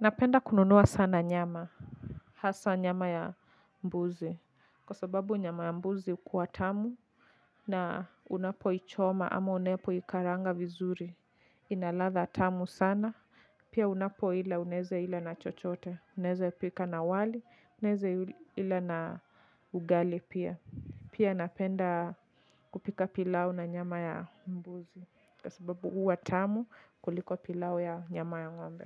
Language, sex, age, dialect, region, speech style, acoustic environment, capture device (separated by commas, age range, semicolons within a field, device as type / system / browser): Swahili, female, 25-34, Kenya - Nairobi Sheng-influenced, Kenya, relaxed, music; people talking, mobile / Linux / Chrome